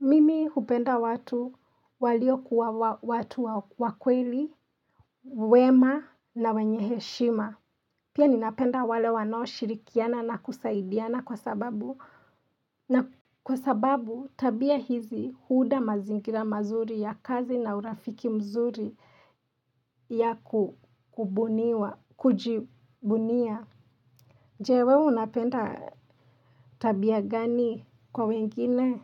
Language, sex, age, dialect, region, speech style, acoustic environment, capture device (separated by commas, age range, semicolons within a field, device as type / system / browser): Swahili, female, 25-34, Kenya - Nairobi Sheng-influenced, Kenya, excited; relaxed; happy; focused, silence; nature; appliances, mobile / Linux / Chrome